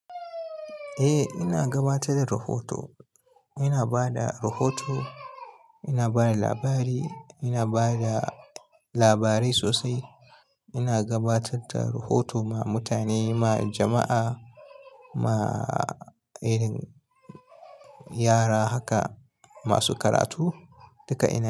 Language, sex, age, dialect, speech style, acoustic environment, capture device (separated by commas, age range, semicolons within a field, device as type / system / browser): Hausa, male, 25-34, Nigeria - Kano, happy; relaxed, nature, mobile / Linux / Chrome